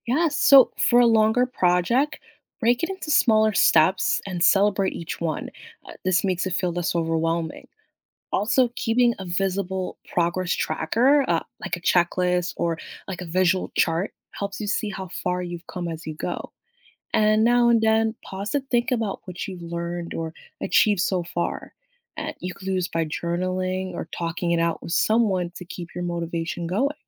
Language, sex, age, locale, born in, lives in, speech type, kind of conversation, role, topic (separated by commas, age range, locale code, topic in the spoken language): English, female, 25-29, en-US, United States, United States, read, expert-assistant, expert, What are some ways to celebrate small achievements?